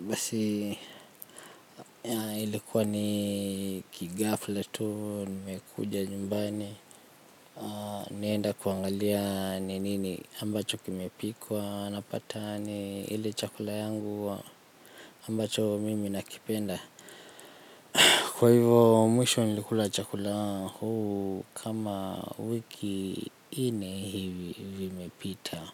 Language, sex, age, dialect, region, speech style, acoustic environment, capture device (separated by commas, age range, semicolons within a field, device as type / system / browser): Swahili, male, 25-34, Kenya - Nairobi Sheng-influenced, Kenya, relaxed, nature; silence, mobile / Linux / Chrome